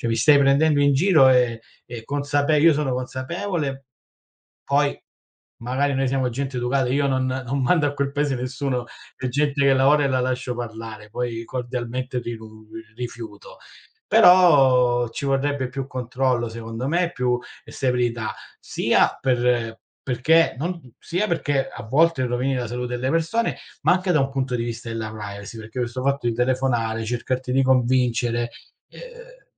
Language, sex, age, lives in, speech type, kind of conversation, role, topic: Italian, male, 40-44, Italy, conversation, unstructured, none, Cosa ne pensi delle pubblicità ingannevoli sui prodotti alimentari?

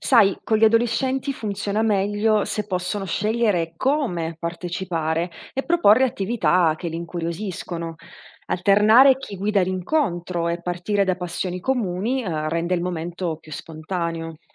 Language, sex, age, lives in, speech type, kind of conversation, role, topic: Italian, female, 30-34, Italy, read, expert-assistant, expert, Cosa succederebbe, secondo te, se in una famiglia tutti dovessero scambiarsi i ruoli per una settimana (genitori che fanno i figli e viceversa)? Quali nuove comprensioni o difficoltà potrebbero emergere?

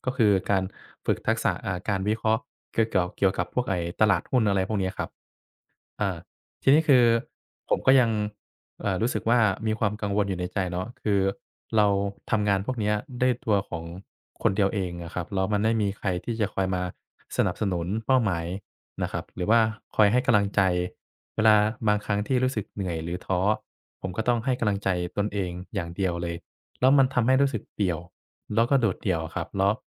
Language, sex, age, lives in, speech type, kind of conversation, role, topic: Thai, male, 25-29, Thailand, conversation, advice, user, จะทำอย่างไรดีหากคุณรู้สึกโดดเดี่ยวเพราะไม่มีใครสนับสนุนเป้าหมายของคุณ?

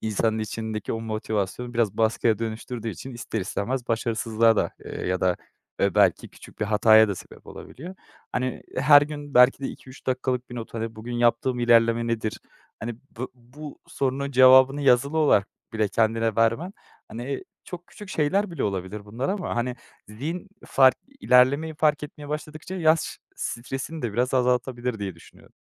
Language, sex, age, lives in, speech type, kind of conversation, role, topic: Turkish, male, 25-29, Netherlands, conversation, advice, advisor, Belirli bir yaşa gelince “başarmış olman” gerektiği beklentisi sende nasıl bir baskı yaratıyor?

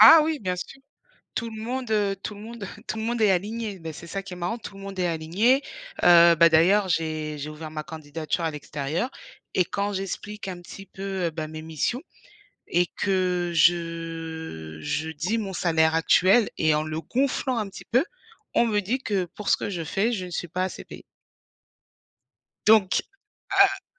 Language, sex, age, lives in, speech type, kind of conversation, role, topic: French, female, 35-39, France, conversation, unstructured, none, Comment négocier un meilleur salaire sans avoir peur d’être rejeté ?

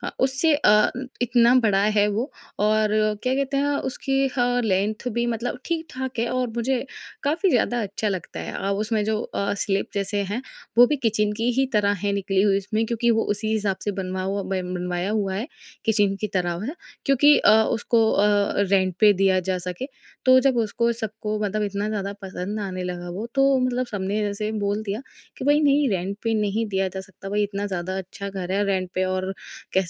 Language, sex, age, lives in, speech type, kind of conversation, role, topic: Hindi, female, 20-24, India, conversation, podcast, guest, Tumhare ghar ka sabse sukoonbhara kona kaunsa hai, aur kyun?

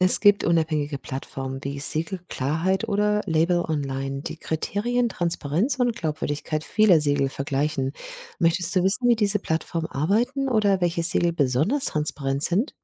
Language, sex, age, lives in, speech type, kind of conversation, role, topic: German, female, 50-54, Germany, read, expert-assistant, expert, Kann nachhaltige Landwirtschaft tatsächlich genug Lebensmittel für alle produzieren, wie oft behauptet wird?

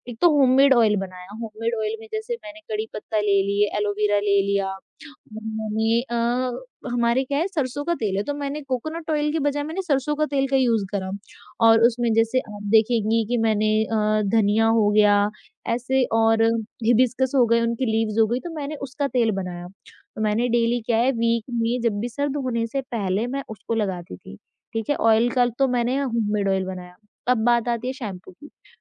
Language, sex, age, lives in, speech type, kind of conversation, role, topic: Hindi, female, 20-24, India, conversation, podcast, guest, Tumne apni pehli self-care habit kab start ki thi?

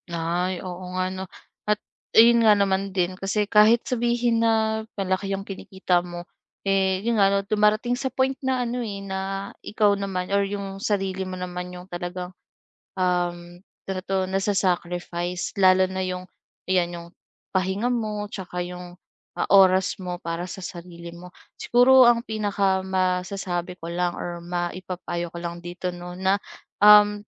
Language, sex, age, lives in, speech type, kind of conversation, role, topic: Filipino, female, 25-29, Philippines, conversation, advice, advisor, Bakit wala ka nang oras para sa sarili at magpahinga?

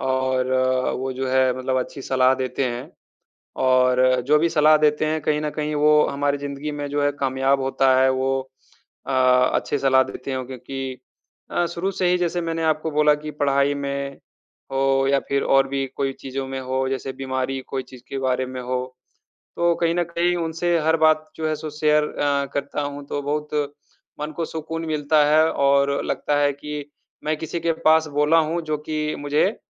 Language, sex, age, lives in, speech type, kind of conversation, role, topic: Hindi, male, 30-34, India, conversation, unstructured, none, जब आपको चिंता महसूस होती है, तो आप उसे कैसे संभालते हैं?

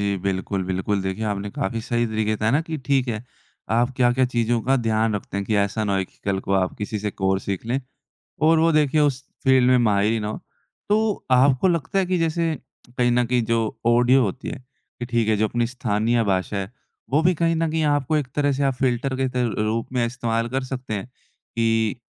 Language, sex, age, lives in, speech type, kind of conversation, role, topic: Hindi, male, 20-24, India, conversation, podcast, host, आप वेब सामग्री का चयन और संकलन कैसे करते हैं?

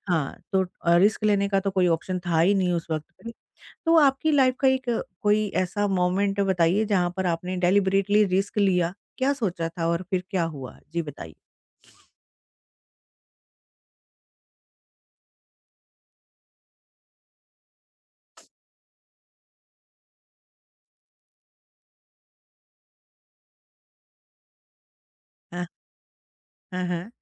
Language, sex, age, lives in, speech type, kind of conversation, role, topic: Hindi, female, 45-49, India, conversation, podcast, host, Bade faislon mein tum risk lete ho ya safe khelte ho?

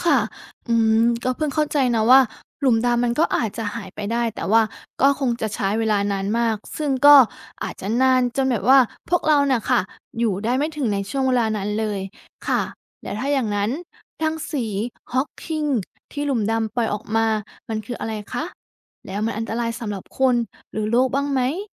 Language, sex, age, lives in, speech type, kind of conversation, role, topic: Thai, female, 30-34, Thailand, read, expert-assistant, asker, ทำไมเสียงจึงเดินทางได้ช้ากว่าแสง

